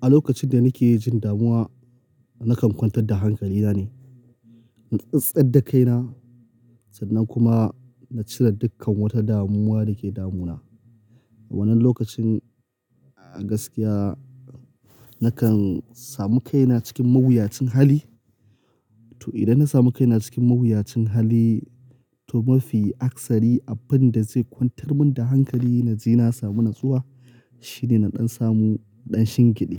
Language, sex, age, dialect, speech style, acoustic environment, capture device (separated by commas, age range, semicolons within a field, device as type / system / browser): Hausa, male, 25-34, Nigeria - Kano, happy; excited; focused, nature; silence, mobile / Linux / Chrome